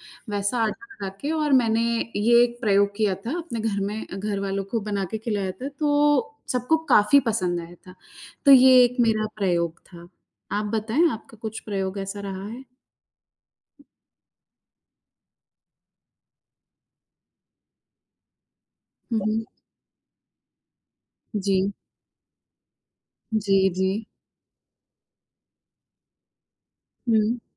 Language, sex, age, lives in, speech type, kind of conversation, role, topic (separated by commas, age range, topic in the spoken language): Hindi, female, 35-39, India, conversation, unstructured, none, क्या आपने कभी किसी खास रेसिपी के साथ प्रयोग किया है?